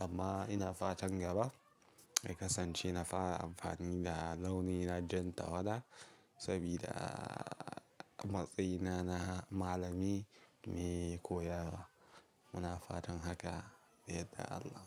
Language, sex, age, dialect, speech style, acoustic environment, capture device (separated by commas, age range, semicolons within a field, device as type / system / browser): Hausa, male, 18-24, Nigeria - Kano, relaxed; focused, silence; nature, mobile / Linux / Chrome